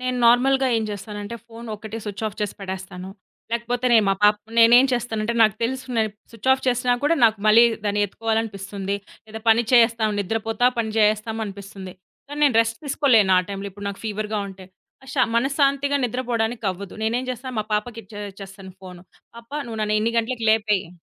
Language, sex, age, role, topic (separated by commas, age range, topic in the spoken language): Telugu, female, 30-34, guest, రాత్రి ఫోన్ వాడకం మీ నిద్రను ఎలా ప్రభావితం చేసింది?